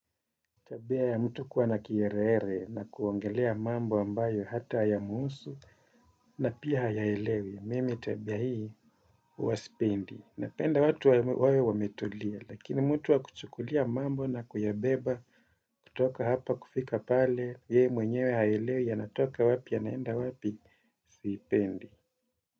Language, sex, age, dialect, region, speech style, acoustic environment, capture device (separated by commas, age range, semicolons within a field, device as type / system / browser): Swahili, male, 35-44, Kenya - Mombasa, Kenya, happy; focused; relaxed, nature; silence, desktop / Windows / Chrome